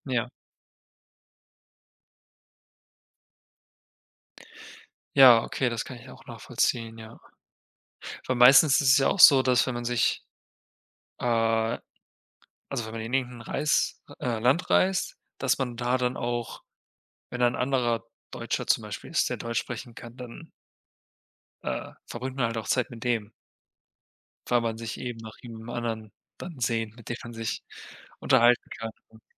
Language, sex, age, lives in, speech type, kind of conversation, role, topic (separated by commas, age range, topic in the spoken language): German, male, 25-29, Germany, conversation, unstructured, none, Wohin würdest du am liebsten einmal reisen, und warum?